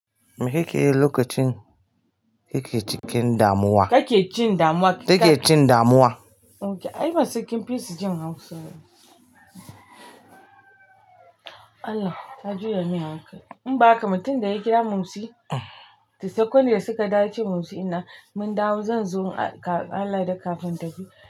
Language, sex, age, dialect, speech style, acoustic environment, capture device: Hausa, female, 45-54, Nigeria - Kano, relaxed; excited; focused, nature; silence; appliances, mobile / Linux / Chrome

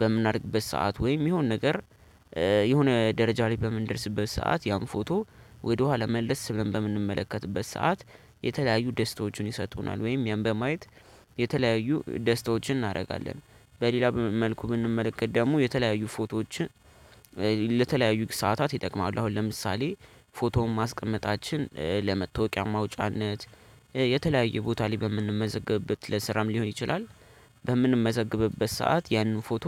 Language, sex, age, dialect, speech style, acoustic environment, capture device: Amharic, male, 18-24, Ethiopia - Addis Ababa, happy, people talking; nature, desktop / Linux / Chrome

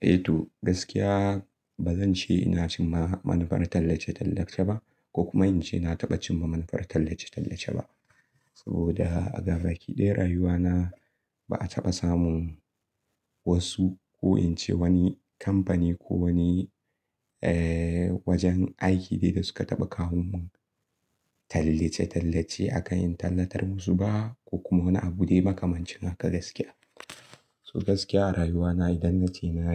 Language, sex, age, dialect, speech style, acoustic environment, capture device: Hausa, male, 18-24, Nigeria - Kano, excited; relaxed, nature; silence, mobile / Linux / Chrome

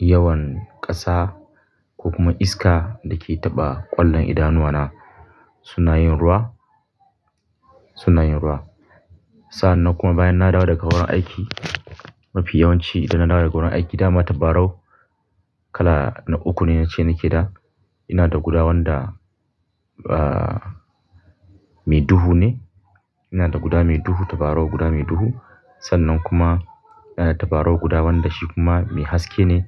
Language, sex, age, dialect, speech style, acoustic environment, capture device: Hausa, male, 25-34, Nigeria - Kano, happy; focused; relaxed, people talking; silence; nature, mobile / Linux / Chrome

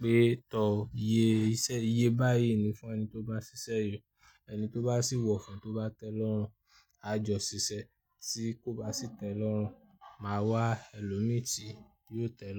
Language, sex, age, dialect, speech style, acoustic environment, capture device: Yoruba, male, 25-34, Nigeria - Ibadan, happy; nervous; anxious; excited, people talking; nature; music; traffic; construction, mobile / Linux / Chrome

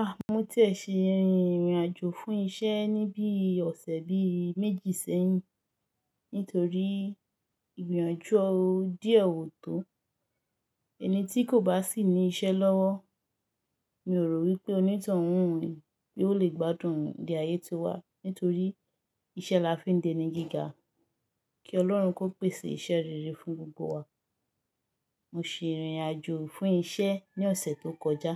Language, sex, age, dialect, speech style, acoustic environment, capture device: Yoruba, female, 25-34, Nigeria - Lagos Yoruba, relaxed, nature, mobile / Linux / Chrome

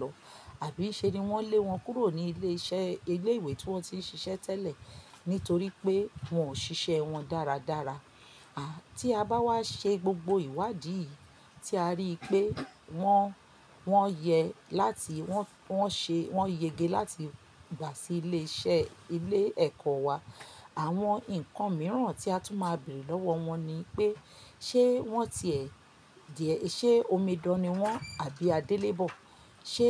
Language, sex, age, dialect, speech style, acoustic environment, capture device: Yoruba, female, 45-54, Nigeria - Lagos Yoruba, happy, silence, mobile / Linux / Chrome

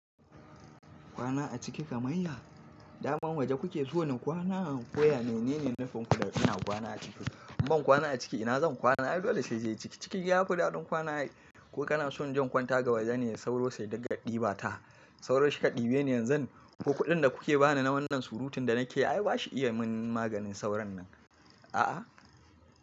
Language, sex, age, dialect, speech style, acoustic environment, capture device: Hausa, male, 25-34, Nigeria - Kano, nervous; excited; tired; relaxed; happy, appliances; nature; traffic; construction; people talking, mobile / Linux / Chrome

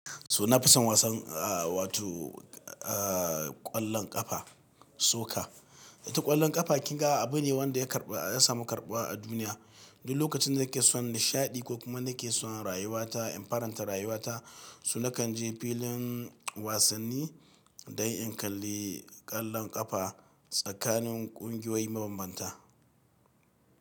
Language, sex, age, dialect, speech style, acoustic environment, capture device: Hausa, male, 45-54, Nigeria - Katsina, happy; excited; focused, people talking, mobile / Linux / Chrome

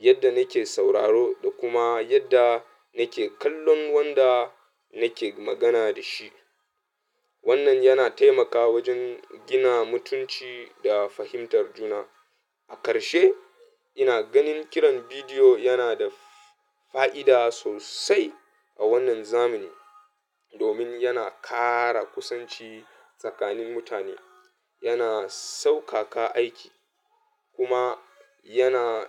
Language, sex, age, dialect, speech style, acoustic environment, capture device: Hausa, male, 25-34, Nigeria - Katsina, happy; nervous; anxious, people talking; music; traffic, mobile / Linux / Chrome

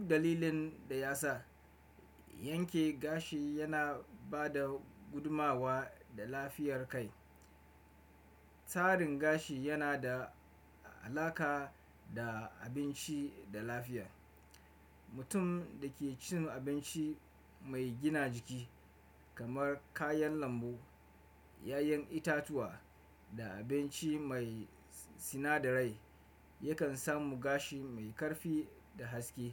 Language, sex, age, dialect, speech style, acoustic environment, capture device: Hausa, male, 25-34, Nigeria - Kano, focused, nature, desktop / Windows / Chrome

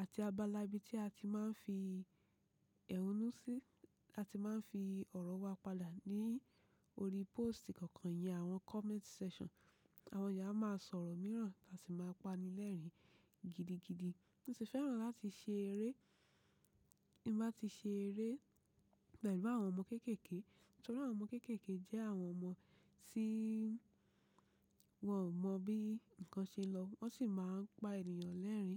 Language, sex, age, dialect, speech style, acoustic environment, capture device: Yoruba, female, 25-34, Nigeria - Ibadan, relaxed, nature, mobile / macOS / Safari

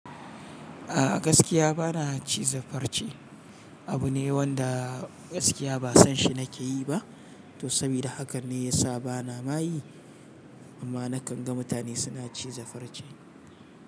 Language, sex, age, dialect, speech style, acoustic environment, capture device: Hausa, male, 35-44, Nigeria - Kano, relaxed; excited, silence; nature; appliances, mobile / Linux / Chrome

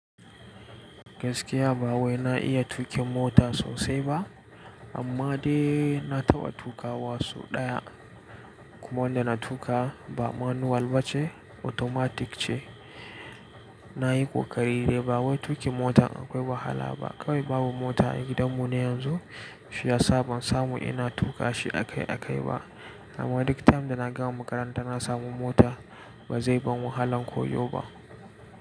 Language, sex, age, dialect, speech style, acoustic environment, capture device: Hausa, male, 18-24, Nigeria - Nigerian Standard, relaxed, nature, mobile / Linux / Chrome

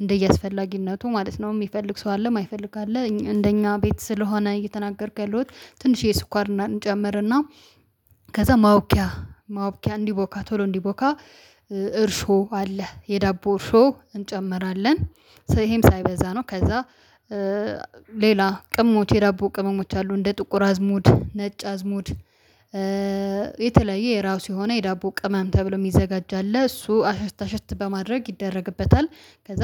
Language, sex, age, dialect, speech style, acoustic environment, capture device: Amharic, female, 25-34, Ethiopia - Addis Ababa, happy, silence, mobile / Linux / Chrome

